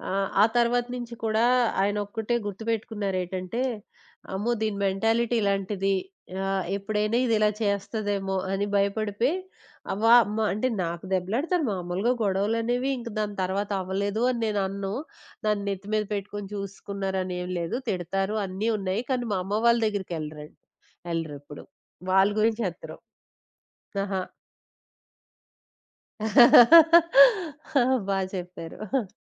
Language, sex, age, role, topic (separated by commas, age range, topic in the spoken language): Telugu, female, 20-24, guest, మీ పెళ్లి రోజు గురించి మీకు గుర్తుండిపోయిన ఒక జ్ఞాపకం చెప్పగలరా?